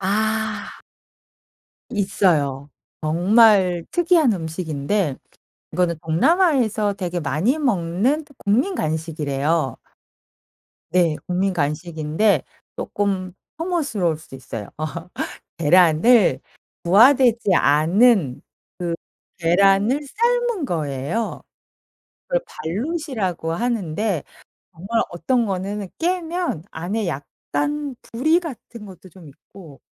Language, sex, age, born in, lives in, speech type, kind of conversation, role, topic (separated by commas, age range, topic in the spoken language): Korean, female, 45-49, South Korea, France, conversation, podcast, guest, 가장 기억에 남는 여행 이야기를 들려주실래요?